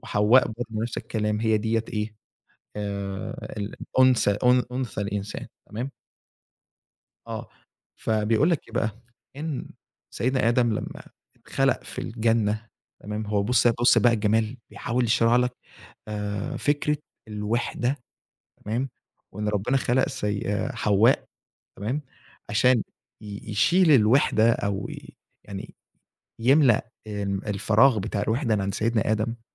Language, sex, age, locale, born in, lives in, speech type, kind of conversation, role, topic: Arabic, male, 20-24, ar-EG, Egypt, Egypt, conversation, podcast, guest, إيه هي الأغنية اللي غيرت نظرتك للموسيقى بجد؟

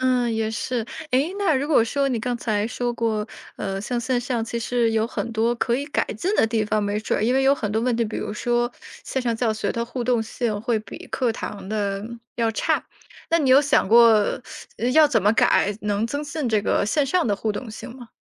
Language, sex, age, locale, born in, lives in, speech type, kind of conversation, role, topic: Chinese, female, 30-34, zh-CN, China, United States, conversation, podcast, host, 你觉得现在的线上教学效果怎么样？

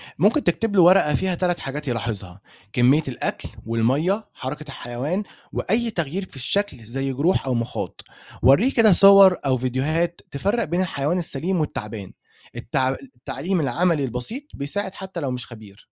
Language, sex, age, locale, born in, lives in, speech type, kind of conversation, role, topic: Arabic, male, 20-24, ar-EG, Egypt, Egypt, read, expert-assistant, expert, لو معاك مزرعة صغيرة، إيه الحاجات اللي ممكن تعملها عشان تخلّي المواشي بصحة كويسة وتنتج أكتر؟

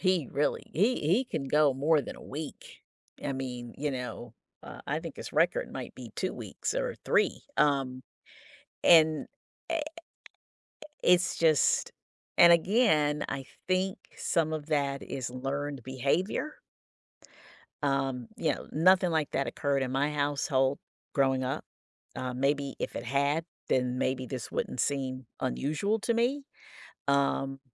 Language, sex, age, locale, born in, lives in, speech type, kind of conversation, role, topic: English, female, 55-59, en-US, United States, United States, conversation, advice, user, How can I get my partner to open up more?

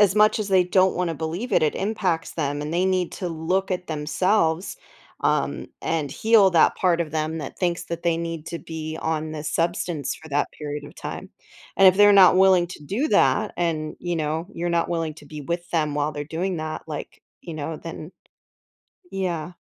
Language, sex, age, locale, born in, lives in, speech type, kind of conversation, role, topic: English, female, 35-39, en-US, United States, United States, conversation, advice, advisor, How can I tell my partner their bad habits bother me?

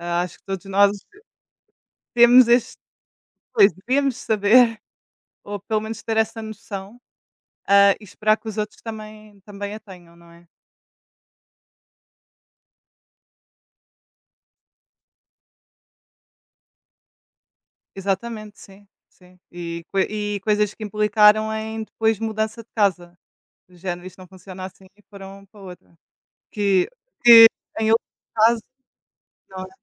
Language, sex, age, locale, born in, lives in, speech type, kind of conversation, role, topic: Portuguese, female, 20-24, pt-PT, Portugal, Portugal, conversation, unstructured, none, Você acha justo dividir as tarefas da casa igualmente?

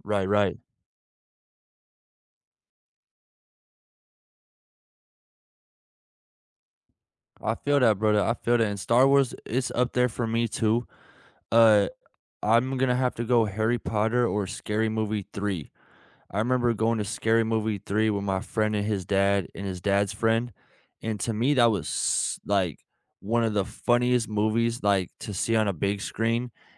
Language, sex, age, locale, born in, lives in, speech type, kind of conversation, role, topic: English, male, 25-29, en-US, United States, United States, conversation, unstructured, none, What was the first movie that made you fall in love with cinema, and what memories does it bring back?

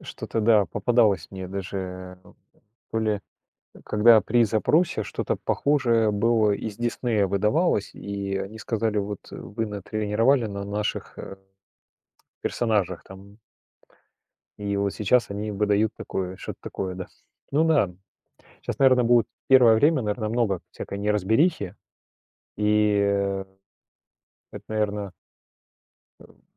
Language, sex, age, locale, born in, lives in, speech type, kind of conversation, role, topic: Russian, male, 45-49, ru-RU, Russia, Germany, conversation, unstructured, none, Почему многие люди боятся использовать искусственный интеллект?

